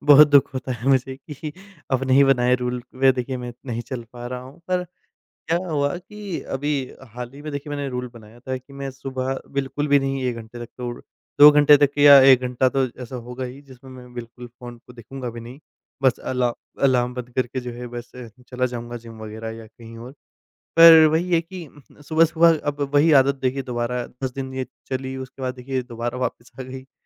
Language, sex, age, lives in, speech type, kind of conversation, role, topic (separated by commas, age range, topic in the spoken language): Hindi, male, 25-29, India, conversation, podcast, guest, सुबह के समय आप फोन या सामाजिक माध्यमों के इस्तेमाल के लिए कौन-से नियम अपनाते हैं?